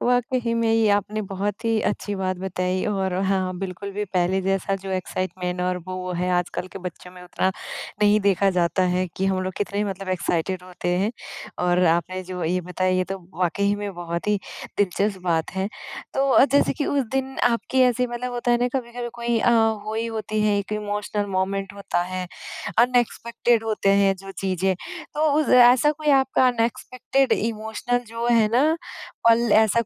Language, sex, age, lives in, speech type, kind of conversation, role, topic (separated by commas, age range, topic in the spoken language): Hindi, female, 20-24, India, conversation, podcast, host, Kya tumhari koi tyohaar ki aisi yaad hai jo aaj bhi dil chhoo jaati hai?